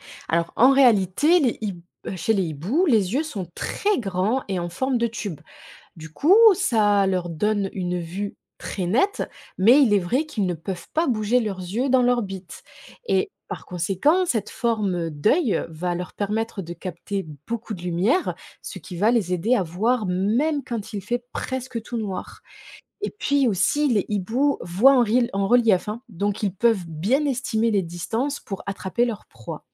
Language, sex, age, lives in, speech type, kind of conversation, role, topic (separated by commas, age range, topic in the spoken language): French, female, 25-29, France, read, expert-assistant, expert, Pourquoi les hiboux peuvent-ils tourner leur tête autant ?